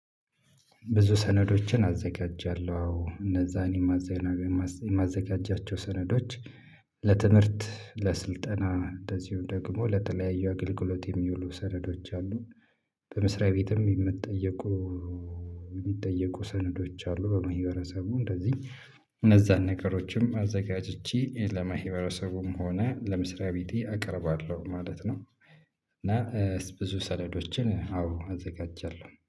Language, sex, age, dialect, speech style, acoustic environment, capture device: Amharic, male, 25-34, Ethiopia - Addis Ababa, relaxed, silence, mobile / Linux / Chrome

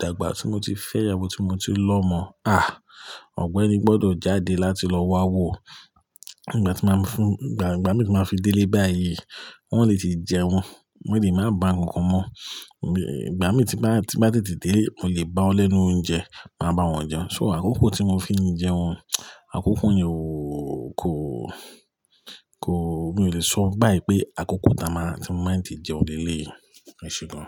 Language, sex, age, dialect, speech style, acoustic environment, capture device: Yoruba, male, 35-44, Nigeria - Lagos Yoruba, relaxed, people talking, mobile / Linux / Chrome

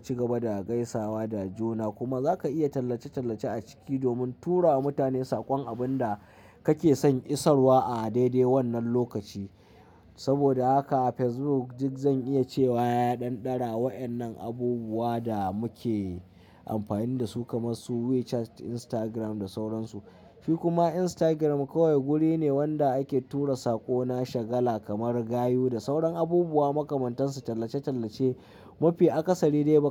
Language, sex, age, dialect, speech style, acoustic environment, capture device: Hausa, male, 25-34, Nigeria - Kano, happy, nature, mobile / Linux / Chrome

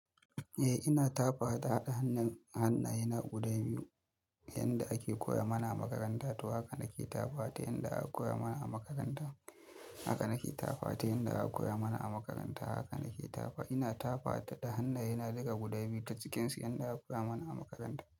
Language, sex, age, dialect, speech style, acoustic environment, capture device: Hausa, male, 25-34, Nigeria - Kano, happy, appliances, mobile / Linux / Chrome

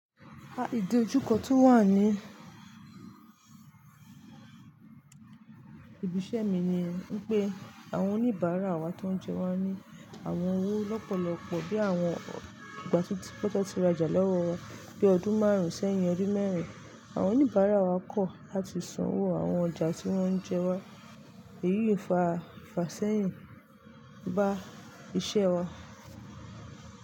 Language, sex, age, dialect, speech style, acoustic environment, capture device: Yoruba, female, 25-34, Nigeria - Lagos Yoruba, relaxed, traffic; nature, mobile / Linux / Chrome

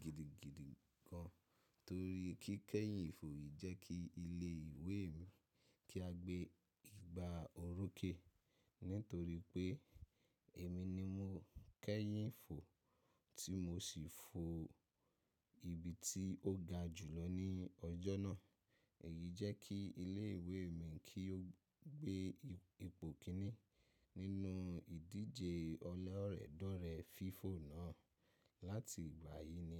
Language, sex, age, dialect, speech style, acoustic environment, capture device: Yoruba, male, 25-34, Nigeria - Ibadan, focused, silence, mobile / macOS / Safari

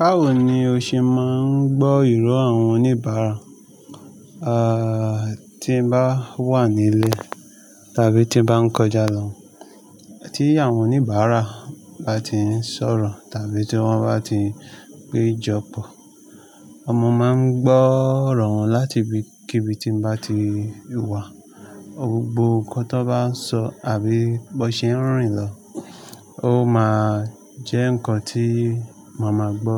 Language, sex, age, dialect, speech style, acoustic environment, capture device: Yoruba, male, 25-34, Nigeria - Lagos Yoruba, relaxed; nervous, nature, mobile / Linux / Chrome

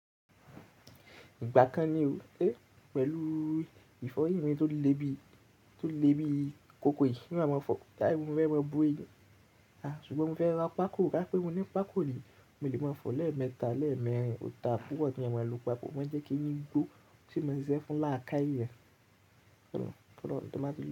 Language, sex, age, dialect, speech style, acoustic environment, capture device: Yoruba, male, 18-24, Nigeria - Ibadan, happy; relaxed, nature, mobile / Linux / Chrome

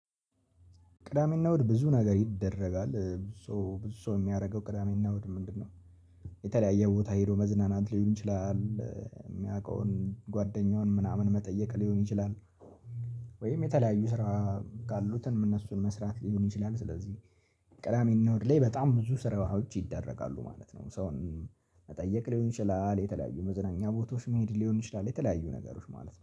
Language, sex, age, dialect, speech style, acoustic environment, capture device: Amharic, male, 18-24, Ethiopia - Addis Ababa, relaxed, people talking, mobile / Linux / Chrome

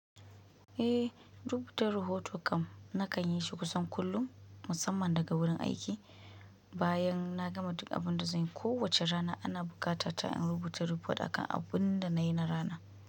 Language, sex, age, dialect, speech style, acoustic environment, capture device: Hausa, female, 25-34, Nigeria - Kano, focused, nature, mobile / Linux / Chrome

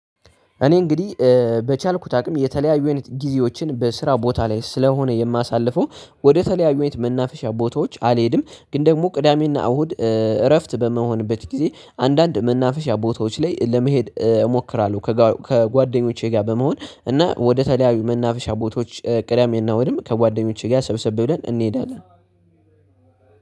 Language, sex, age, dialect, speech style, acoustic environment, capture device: Amharic, male, 18-24, Ethiopia - Addis Ababa, tired, nature, desktop / Linux / Chrome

